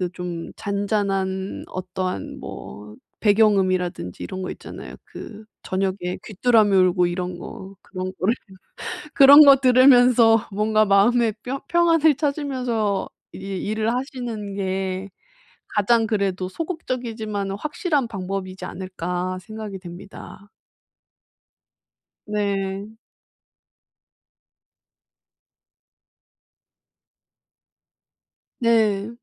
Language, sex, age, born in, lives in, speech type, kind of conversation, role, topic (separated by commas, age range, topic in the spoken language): Korean, female, 30-34, South Korea, Japan, conversation, advice, advisor, 산만함을 줄이고 집중을 유지하면서 일을 계속할 수 있는 방법은 무엇인가요?